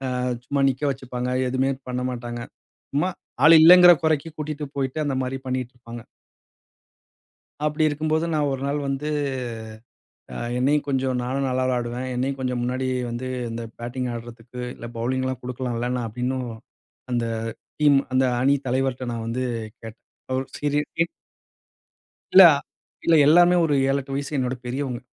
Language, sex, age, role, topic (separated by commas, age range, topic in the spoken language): Tamil, male, 35-39, guest, ஓர் தோல்வியிலிருந்து நீங்கள் மீண்டு வந்த அனுபவத்தைப் பகிர முடியுமா?